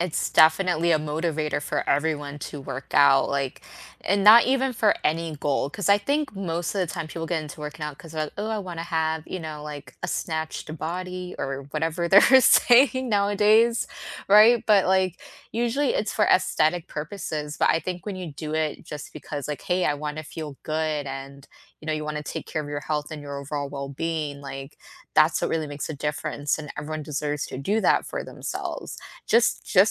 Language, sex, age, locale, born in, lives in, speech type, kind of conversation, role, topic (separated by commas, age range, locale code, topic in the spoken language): English, female, 25-29, en-US, United States, United States, conversation, unstructured, none, What is surprising about how exercise can reduce stress?